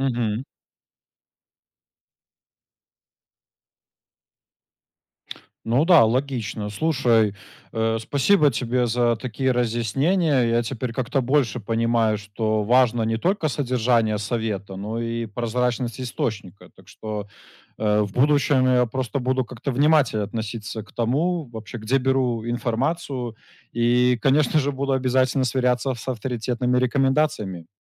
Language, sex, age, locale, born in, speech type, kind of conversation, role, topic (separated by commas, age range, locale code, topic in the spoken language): Russian, male, 25-29, ru-RU, Belarus, read, expert-assistant, asker, Как понять, что информация о психическом здоровье в интернете действительно заслуживает доверия?